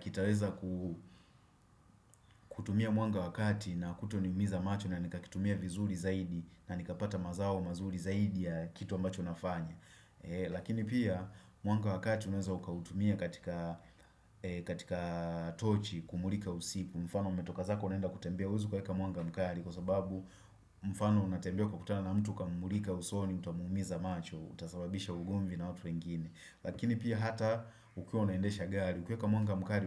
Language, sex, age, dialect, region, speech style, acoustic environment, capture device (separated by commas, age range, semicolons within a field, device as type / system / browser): Swahili, male, 25-34, Tanzania - Dar es Salaam, Tanzania, happy, nature, desktop / Windows / Chrome